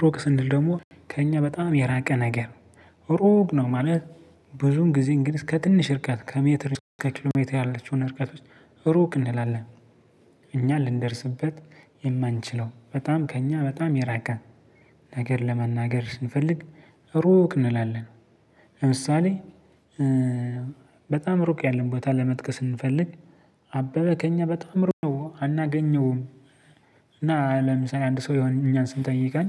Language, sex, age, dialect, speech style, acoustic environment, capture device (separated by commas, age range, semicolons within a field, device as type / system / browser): Amharic, male, 25-34, Ethiopia - Gojjam, focused, silence, mobile / Linux / Chrome